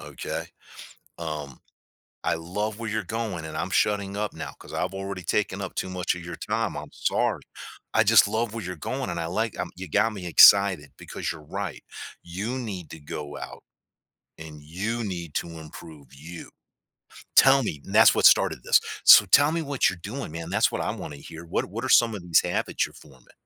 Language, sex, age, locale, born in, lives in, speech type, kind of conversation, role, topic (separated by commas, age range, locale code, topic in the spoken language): English, male, 55-59, en-US, United States, United States, conversation, podcast, host, How have your daily routines shaped who you are today?